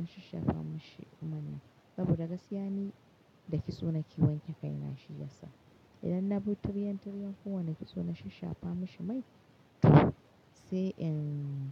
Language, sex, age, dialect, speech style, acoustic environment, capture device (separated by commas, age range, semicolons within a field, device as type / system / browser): Hausa, female, 35-44, Nigeria - Katsina, happy, silence, mobile / Linux / Chrome